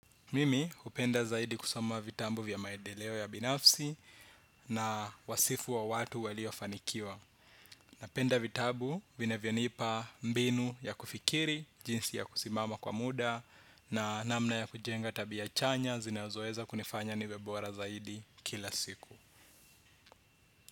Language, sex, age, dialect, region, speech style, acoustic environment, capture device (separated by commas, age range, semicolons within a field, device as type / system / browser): Swahili, male, 25-34, Kenya - Nairobi Sheng-influenced, Kenya, relaxed; focused, silence, desktop / Windows / Chrome